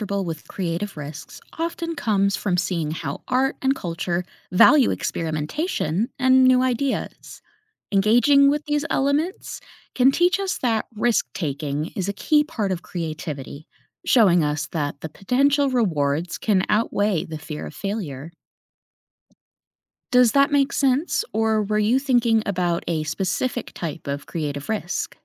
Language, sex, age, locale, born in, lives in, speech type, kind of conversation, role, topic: English, female, 30-34, en-US, United States, United States, read, expert-assistant, expert, How does exposure to art and culture influence creativity?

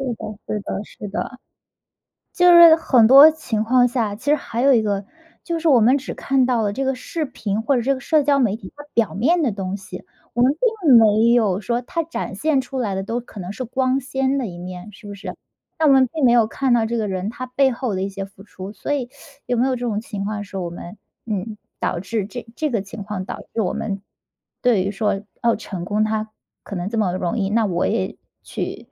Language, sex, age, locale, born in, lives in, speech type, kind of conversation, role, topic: Chinese, female, 30-34, zh-CN, China, United States, conversation, podcast, host, 社交媒体改变你对成功的看法了吗？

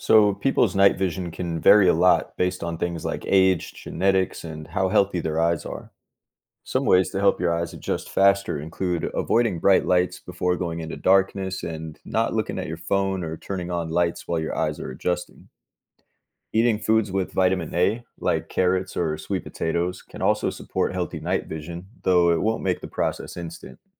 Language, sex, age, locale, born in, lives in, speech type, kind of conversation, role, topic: English, male, 30-34, en-US, United States, United States, read, expert-assistant, expert, How do our eyes adjust to darkness?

